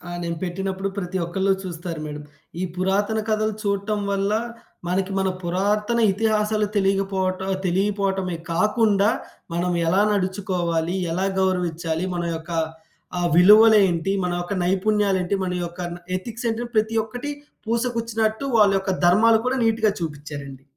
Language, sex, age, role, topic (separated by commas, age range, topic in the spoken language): Telugu, male, 20-24, guest, మనకు కొన్ని పాత్రలపై ప్రేమ ఎలా పుడుతుంది?